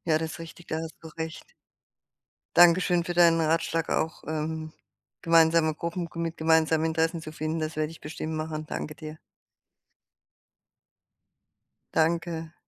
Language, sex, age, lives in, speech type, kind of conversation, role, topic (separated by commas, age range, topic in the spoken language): German, female, 60-64, Germany, conversation, advice, user, Wie finde ich heraus, ob ich meinen Lebensweg neu ausrichten sollte?